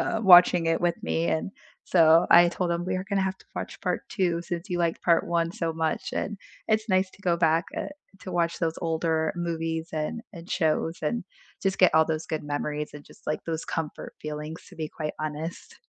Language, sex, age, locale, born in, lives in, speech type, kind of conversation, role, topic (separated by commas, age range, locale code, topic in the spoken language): English, female, 30-34, en-US, United States, United States, conversation, unstructured, none, What routine helps you unwind after a long day?